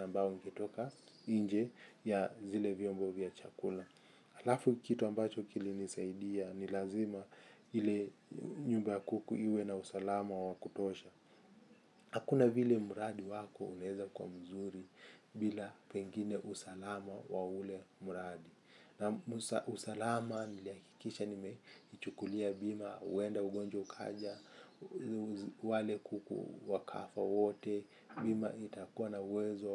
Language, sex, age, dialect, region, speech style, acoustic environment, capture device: Swahili, male, 25-34, Kenya - Mombasa, Kenya, focused; happy, people talking; traffic; music; construction; appliances, mobile / Linux / Chrome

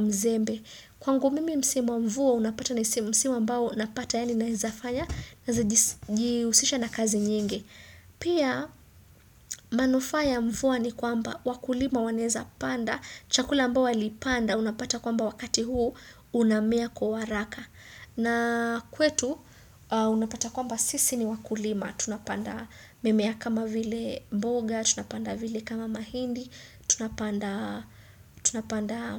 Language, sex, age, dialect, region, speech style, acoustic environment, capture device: Swahili, female, 25-34, Kenya - Mombasa, Kenya, excited; happy; focused, silence, desktop / Windows / Chrome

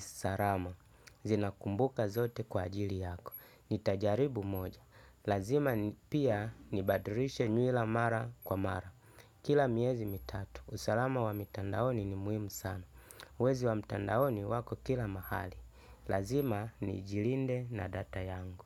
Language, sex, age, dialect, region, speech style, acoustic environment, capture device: Swahili, male, 35-44, Tanzania - Dar es Salaam, Tanzania, happy, people talking, desktop / Linux / Chrome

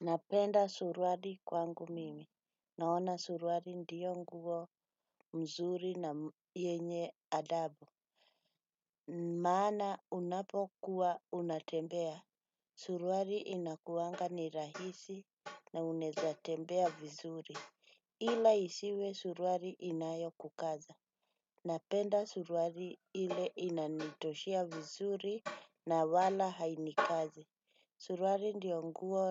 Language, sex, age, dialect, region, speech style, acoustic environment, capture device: Swahili, female, 35-44, Kenya - Nairobi Sheng-influenced, Kenya, relaxed, nature, desktop / Windows / Chrome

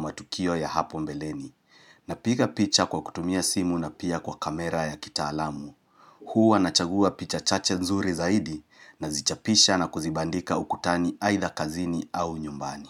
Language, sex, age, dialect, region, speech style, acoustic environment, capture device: Swahili, male, 35-44, Kenya - Nairobi Sheng-influenced, Kenya, relaxed; happy, nature; silence, mobile / Linux / Chrome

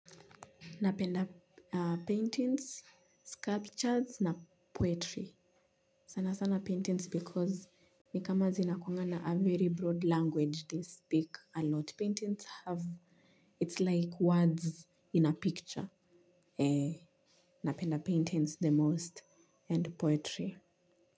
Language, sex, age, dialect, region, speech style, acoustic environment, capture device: Swahili, female, 25-34, Kenya - Nairobi Sheng-influenced, Kenya, focused, silence, mobile / Linux / Chrome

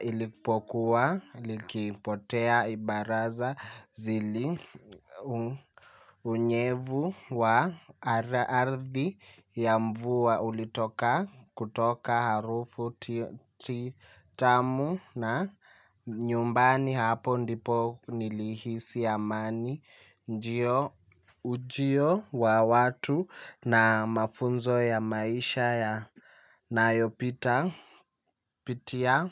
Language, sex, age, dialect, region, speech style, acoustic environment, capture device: Swahili, male, 18-24, Kenya - Nairobi Sheng-influenced, Kenya, happy; nervous; excited, people talking; music; silence; appliances, mobile / Linux / Chrome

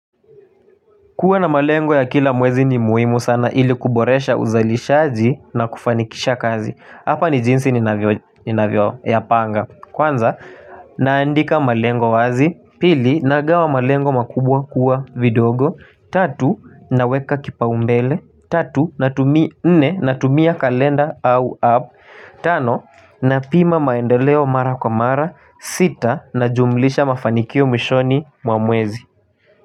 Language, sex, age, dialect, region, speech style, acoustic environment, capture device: Swahili, male, 18-24, Kenya - Nairobi Sheng-influenced, Kenya, happy, people talking, mobile / Linux / Chrome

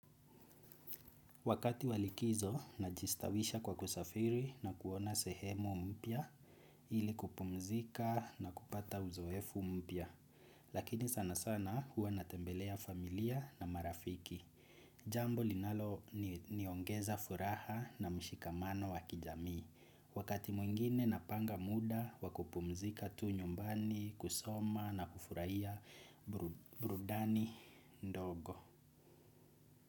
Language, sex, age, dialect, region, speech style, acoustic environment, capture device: Swahili, male, 25-34, Kenya - Nairobi Sheng-influenced, Kenya, excited, people talking, desktop / Windows / Chrome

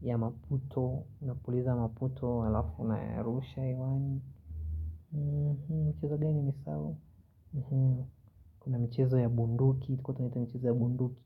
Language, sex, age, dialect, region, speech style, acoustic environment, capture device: Swahili, male, 25-34, Kenya - Nairobi Sheng-influenced, Kenya, relaxed, silence; nature, mobile / Linux / Chrome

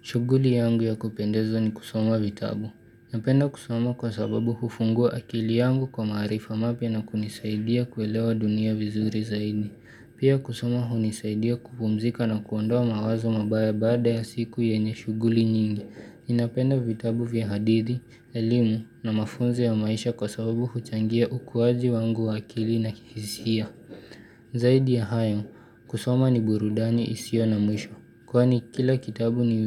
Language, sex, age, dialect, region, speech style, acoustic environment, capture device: Swahili, male, 18-24, Kenya - Nairobi Sheng-influenced, Kenya, relaxed, nature; silence, mobile / Linux / Chrome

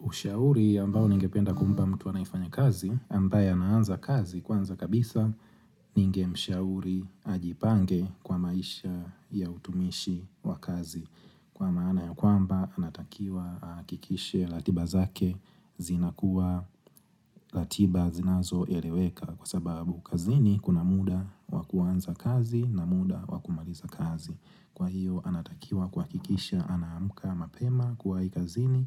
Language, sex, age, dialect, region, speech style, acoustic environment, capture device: Swahili, male, 25-34, Tanzania - Dar es Salaam, Tanzania, happy, silence, mobile / Linux / Chrome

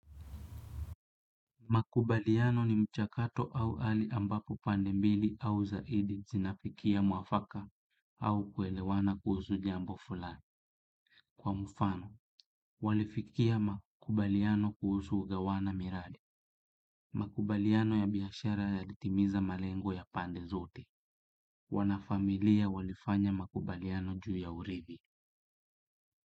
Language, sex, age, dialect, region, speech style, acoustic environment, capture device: Swahili, male, 25-34, Kenya - Kitui, Kenya, happy; relaxed, silence, desktop / Windows / Chrome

